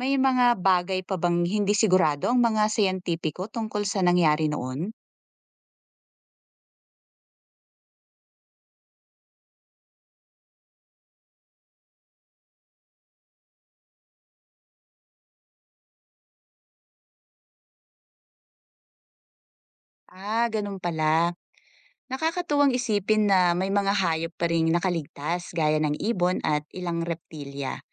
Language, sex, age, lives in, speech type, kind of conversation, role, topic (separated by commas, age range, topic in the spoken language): Filipino, female, 40-44, Philippines, read, expert-assistant, asker, Maraming teorya tungkol sa pagkalipol ng mga dinosaur—alin dito ang suportado ng pinakamatibay na ebidensya, at may mga bahagi bang hindi pa malinaw hanggang ngayon?